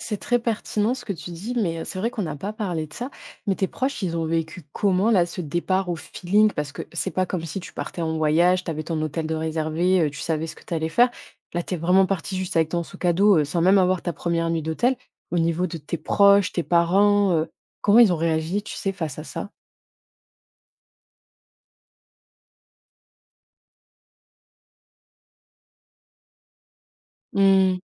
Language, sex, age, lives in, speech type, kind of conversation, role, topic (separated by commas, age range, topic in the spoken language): French, female, 25-29, France, conversation, podcast, host, Peux-tu raconter une fois où tu as dû tout improviser sur place ?